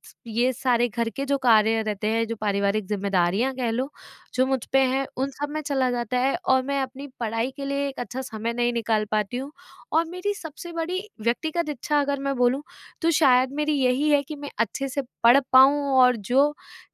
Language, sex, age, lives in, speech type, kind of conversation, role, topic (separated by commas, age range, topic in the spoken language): Hindi, female, 25-29, India, conversation, advice, user, मैं अपनी व्यक्तिगत इच्छाओं और पारिवारिक जिम्मेदारियों के बीच संतुलन कैसे बनाऊँ?